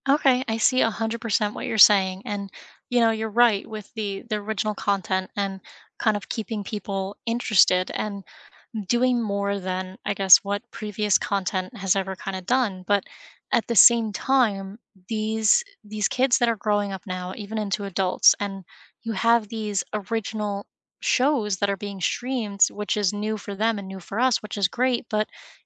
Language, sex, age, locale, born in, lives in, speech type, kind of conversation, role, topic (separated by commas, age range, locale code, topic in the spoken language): English, female, 25-29, en-US, United States, United States, conversation, unstructured, none, Should streaming platforms prioritize original content or large licensed libraries?